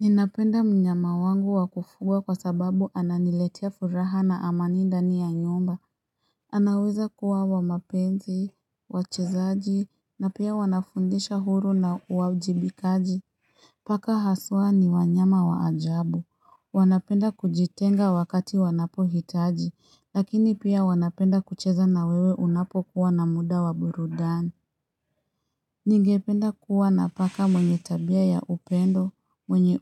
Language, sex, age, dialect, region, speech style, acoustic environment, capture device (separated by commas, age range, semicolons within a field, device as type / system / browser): Swahili, female, 25-34, Kenya - Nairobi Sheng-influenced, Kenya, happy; excited, people talking; traffic; nature, mobile / Linux / Chrome